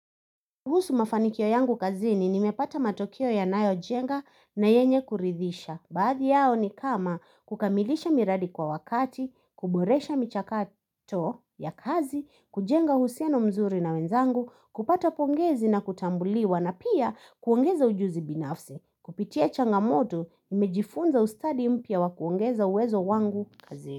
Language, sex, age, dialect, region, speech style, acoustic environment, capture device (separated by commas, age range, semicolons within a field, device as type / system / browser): Swahili, female, 25-34, Kenya - Nairobi Sheng-influenced, Kenya, anxious; happy, people talking, mobile / Linux / Chrome